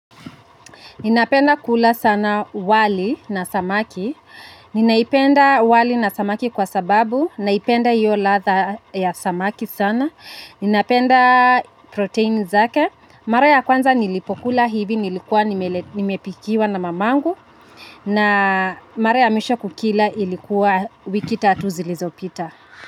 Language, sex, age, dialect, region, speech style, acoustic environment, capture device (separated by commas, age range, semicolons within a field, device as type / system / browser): Swahili, female, 25-34, Kenya - Nairobi Sheng-influenced, Kenya, happy, traffic, mobile / Linux / Chrome